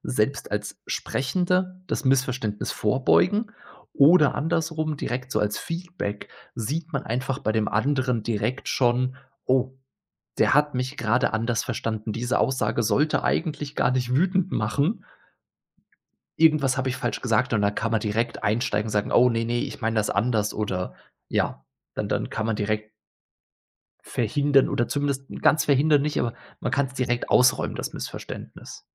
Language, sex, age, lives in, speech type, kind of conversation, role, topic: German, male, 30-34, Germany, conversation, podcast, guest, Was passiert bei Missverständnissen in SMS oder Chat?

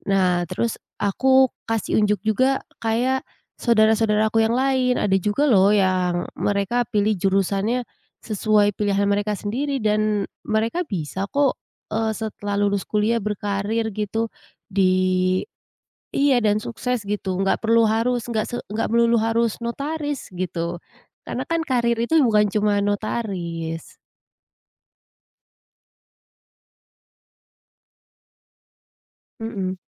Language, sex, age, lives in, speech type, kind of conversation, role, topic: Indonesian, female, 25-29, Indonesia, conversation, podcast, guest, Bagaimana cara menolak ekspektasi keluarga dengan sehat tanpa menyakiti perasaan mereka?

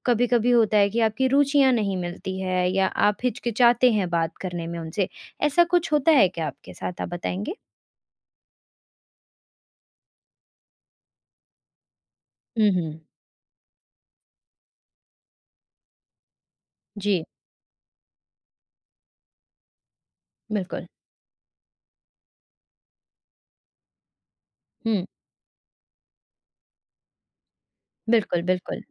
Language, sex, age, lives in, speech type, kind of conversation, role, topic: Hindi, female, 20-24, India, conversation, advice, advisor, नई जगह पर अकेलापन महसूस हो रहा है और नए दोस्त बनाने में दिक्कत आ रही है—मैं क्या करूँ?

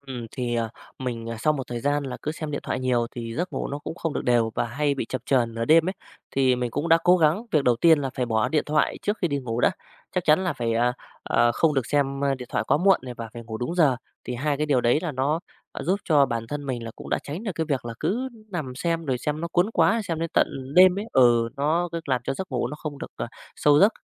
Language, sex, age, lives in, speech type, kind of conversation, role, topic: Vietnamese, male, 35-39, Vietnam, conversation, podcast, guest, Giấc ngủ đóng vai trò như thế nào trong quá trình hồi phục của bạn?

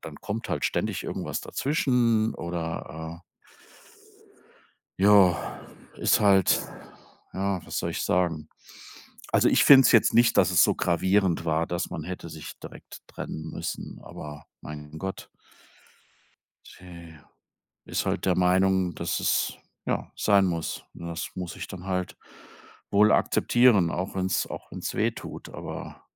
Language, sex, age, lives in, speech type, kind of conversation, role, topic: German, male, 55-59, Germany, conversation, advice, user, Wie kann ich nach einer Trennung abschließen und wieder bereit für Nähe sein?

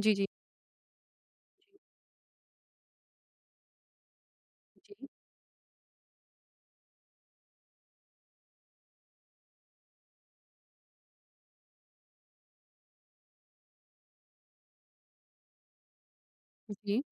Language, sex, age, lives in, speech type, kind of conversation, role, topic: Hindi, female, 30-34, India, conversation, advice, advisor, समूह में बात करते समय मुझे अक्सर असहजता क्यों महसूस होती है?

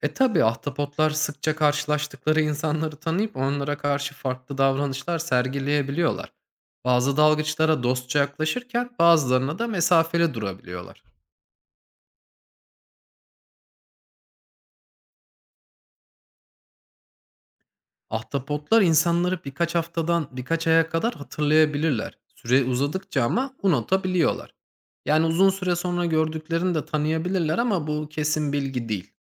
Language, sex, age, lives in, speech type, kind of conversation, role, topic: Turkish, male, 30-34, Germany, read, expert-assistant, expert, Ahtapotlar tehlike anında neden renk değiştirir?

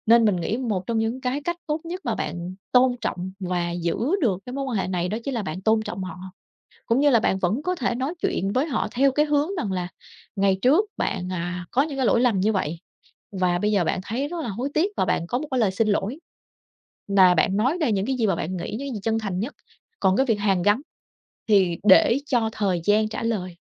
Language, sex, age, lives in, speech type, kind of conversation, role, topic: Vietnamese, female, 30-34, Vietnam, conversation, advice, advisor, Bạn muốn hàn gắn nhưng sợ mâu thuẫn cũ tái diễn, bạn nên làm gì?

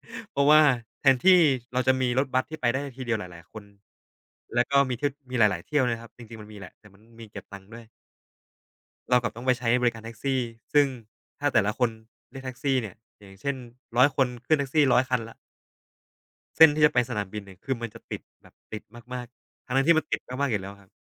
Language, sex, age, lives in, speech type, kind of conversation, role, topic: Thai, male, 25-29, Thailand, conversation, unstructured, none, การคอร์รัปชันในรัฐบาลส่งผลกระทบต่อชีวิตประจำวันของเราอย่างไร?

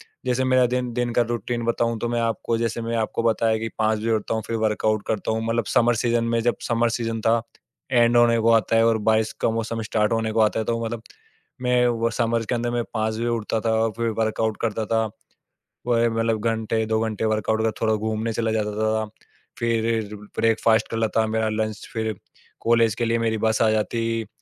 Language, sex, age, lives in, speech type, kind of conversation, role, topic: Hindi, male, 20-24, India, conversation, podcast, guest, Monsoon aane par tumhara roz ka routine kaise badal jaata hai?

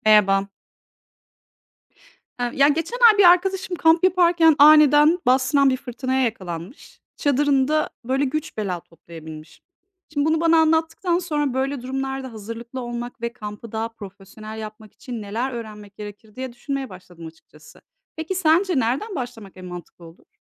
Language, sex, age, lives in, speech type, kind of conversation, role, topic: Turkish, female, 40-44, Netherlands, read, expert-assistant, asker, Kamp ve doğa yürüyüşüne yeni başlayanlar için en önemli hazırlıklar nelerdir?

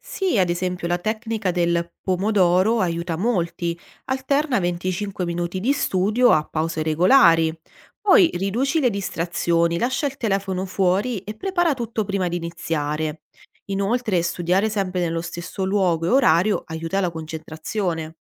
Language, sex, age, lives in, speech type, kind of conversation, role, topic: Italian, female, 30-34, Italy, read, expert-assistant, expert, Quali strategie aiutano a portare avanti idee creative senza lasciarle a metà?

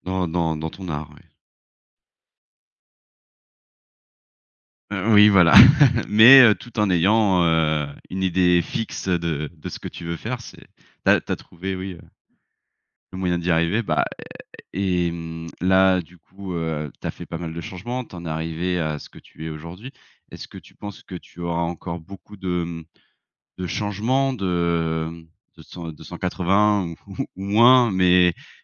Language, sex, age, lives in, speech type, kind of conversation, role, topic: French, male, 30-34, France, conversation, podcast, host, Comment trouver une nouvelle version de toi-même sans perdre ton identité ?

